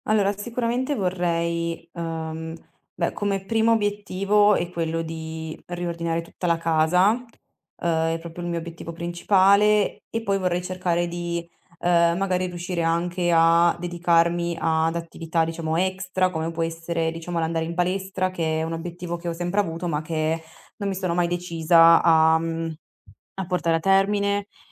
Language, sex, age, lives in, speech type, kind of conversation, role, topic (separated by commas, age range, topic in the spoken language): Italian, female, 20-24, Italy, conversation, advice, user, Gestione del tempo e procrastinazione costante